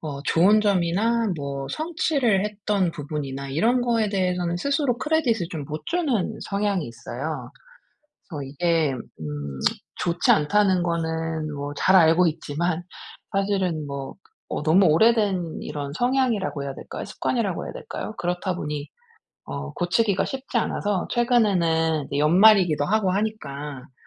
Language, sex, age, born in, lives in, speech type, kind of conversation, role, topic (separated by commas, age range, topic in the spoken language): Korean, female, 40-44, South Korea, United States, conversation, advice, user, 일상에서 자신을 있는 그대로 받아들이는 연습을 꾸준히 시작하려면 어떻게 해야 할까요?